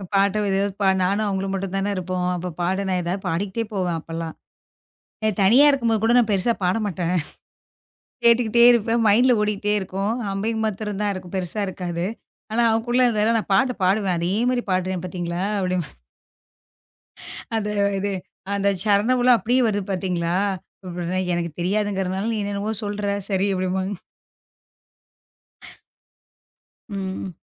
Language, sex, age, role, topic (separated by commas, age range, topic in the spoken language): Tamil, female, 35-39, guest, இசை உங்கள் தனிமையை ஆறவைக்கும் விதமாக இருக்கிறதா?